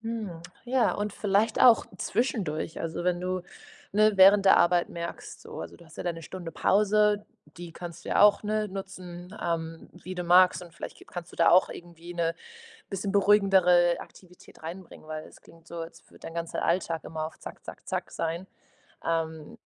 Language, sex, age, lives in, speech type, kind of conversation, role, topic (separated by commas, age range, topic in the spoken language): German, female, 25-29, Portugal, conversation, advice, advisor, Wie kann ich bessere Pausen machen und Stress im Alltag reduzieren?